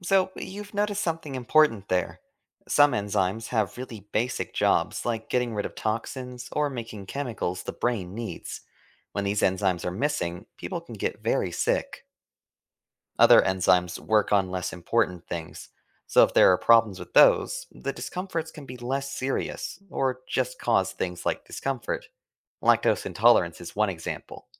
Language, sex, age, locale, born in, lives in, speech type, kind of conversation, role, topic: English, male, 25-29, en-US, United States, United States, read, expert-assistant, expert, What is the role of enzymes in the human body?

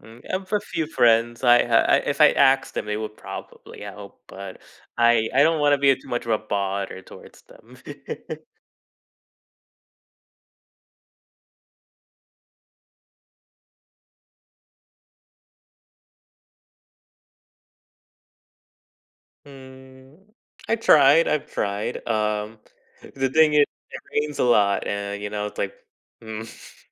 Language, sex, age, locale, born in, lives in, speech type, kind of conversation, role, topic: English, male, 20-24, en-US, United States, United States, conversation, advice, user, How can I recover from burnout and regain my energy?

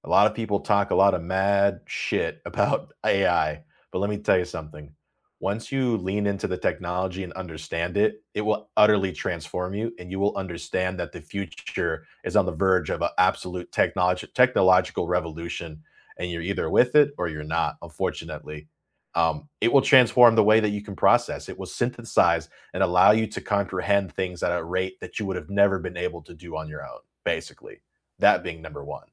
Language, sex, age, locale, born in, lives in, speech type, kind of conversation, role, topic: English, male, 30-34, en-US, United States, United States, conversation, unstructured, none, Which classroom technology tools and apps have genuinely made teaching or learning easier for you, and how?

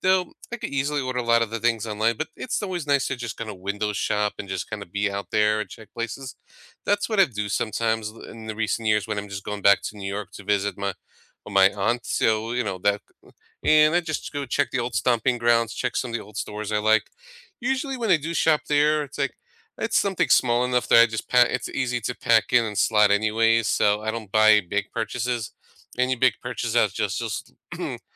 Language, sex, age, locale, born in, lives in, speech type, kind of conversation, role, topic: English, male, 35-39, en-US, United States, United States, conversation, unstructured, none, Do you prefer packing a minimalist carry-on or bringing everything for comfort when you travel?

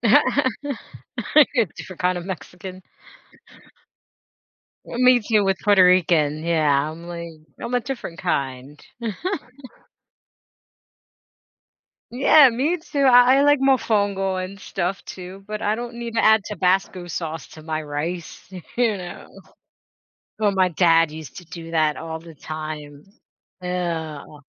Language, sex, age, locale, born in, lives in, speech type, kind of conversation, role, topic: English, female, 40-44, en-US, United States, United States, conversation, podcast, host, What makes spicy food so appealing to people from different backgrounds?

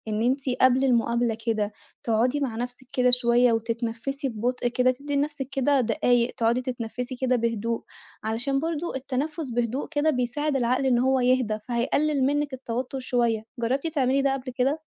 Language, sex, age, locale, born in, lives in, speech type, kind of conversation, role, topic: Arabic, female, 25-29, ar-EG, Egypt, Italy, conversation, advice, advisor, إزاي أتعامل مع قلق الأداء قبل العرض أو مقابلة الشغل؟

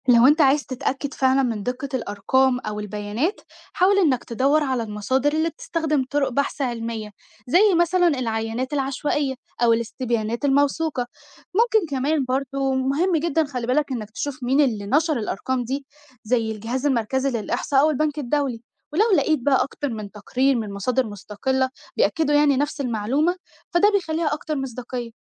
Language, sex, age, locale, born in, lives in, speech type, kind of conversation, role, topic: Arabic, female, 18-19, ar-EG, Egypt, Egypt, read, expert-assistant, expert, لو عايز أفهم أكتر عن ليه في ناس أغنى من ناس في مصر، أبتدي أدور منين وأعرف إن المعلومات دي صح؟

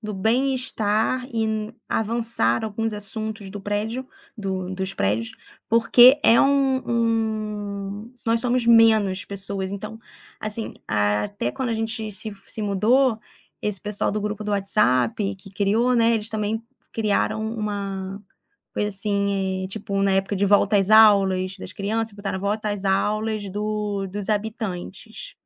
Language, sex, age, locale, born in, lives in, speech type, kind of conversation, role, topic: Portuguese, female, 35-39, pt-BR, Brazil, France, conversation, podcast, guest, Como se constrói confiança entre vizinhos numa comunidade?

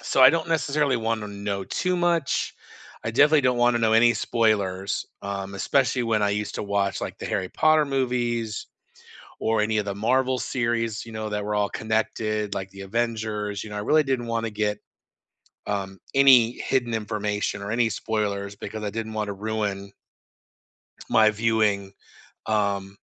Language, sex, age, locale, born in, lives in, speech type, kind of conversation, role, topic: English, male, 50-54, en-US, United States, United States, conversation, unstructured, none, What do you like to know before watching a movie, and how do trailers or spoilers affect your excitement and conversations?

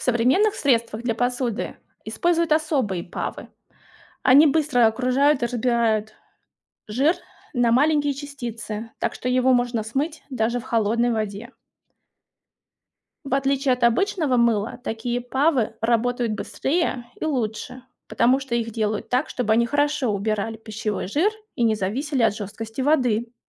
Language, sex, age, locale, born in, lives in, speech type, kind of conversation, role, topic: Russian, female, 35-39, ru-RU, Russia, Germany, read, expert-assistant, expert, Почему мыло смывает грязь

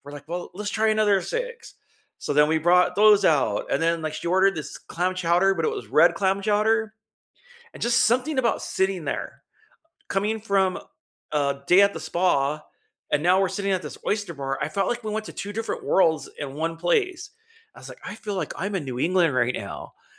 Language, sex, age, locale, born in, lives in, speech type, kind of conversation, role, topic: English, male, 50-54, en-US, United States, United States, conversation, unstructured, none, What was the most memorable meal you’ve ever had, and what made it unforgettable for you?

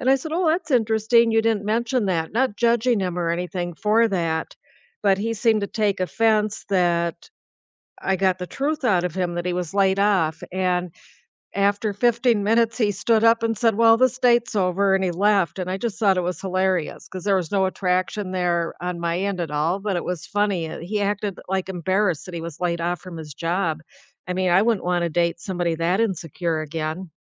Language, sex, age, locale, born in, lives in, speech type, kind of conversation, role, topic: English, female, 65-69, en-US, United States, United States, conversation, unstructured, none, What is a memorable first-date story you still think about, and why does it stick with you?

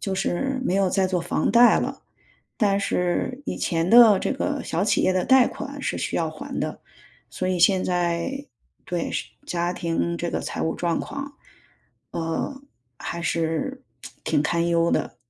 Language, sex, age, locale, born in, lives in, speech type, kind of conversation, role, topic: Chinese, female, 45-49, zh-CN, China, United States, conversation, advice, user, 你的财务状况突然发生变化后，是如何影响你的生活稳定性的？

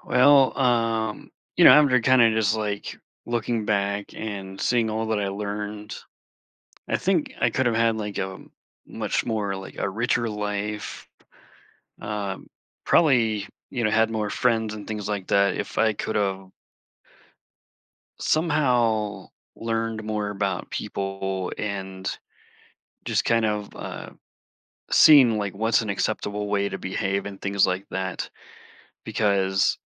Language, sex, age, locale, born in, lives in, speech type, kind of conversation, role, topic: English, male, 40-44, en-US, United States, United States, conversation, podcast, guest, How have your experiences shaped the wisdom you would share with others?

